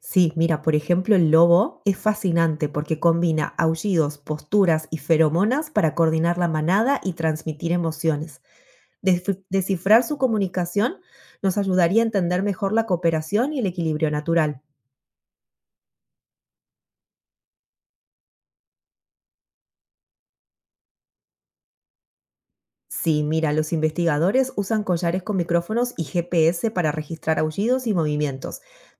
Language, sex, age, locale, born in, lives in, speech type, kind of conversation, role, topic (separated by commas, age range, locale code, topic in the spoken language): Spanish, female, 35-39, es-AR, Argentina, United States, read, expert-assistant, expert, ¿Cómo cambiaría el mundo si los humanos pudieran comunicarse con los delfines y otras especies marinas inteligentes?